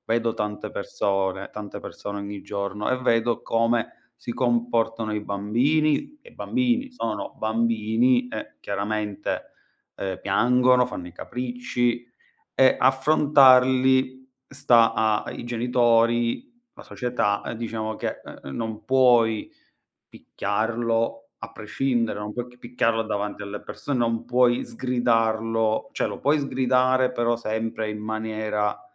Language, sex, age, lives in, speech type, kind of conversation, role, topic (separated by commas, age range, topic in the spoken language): Italian, male, 35-39, Italy, conversation, unstructured, none, Hai mai avuto l’impressione che la società ignori la salute mentale?